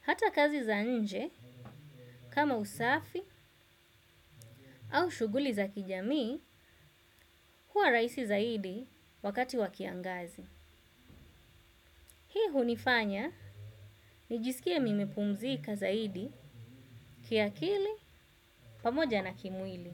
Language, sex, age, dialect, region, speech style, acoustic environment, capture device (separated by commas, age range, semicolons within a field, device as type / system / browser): Swahili, female, 25-34, Kenya - Mombasa, Kenya, relaxed; focused, silence, desktop / Windows / Chrome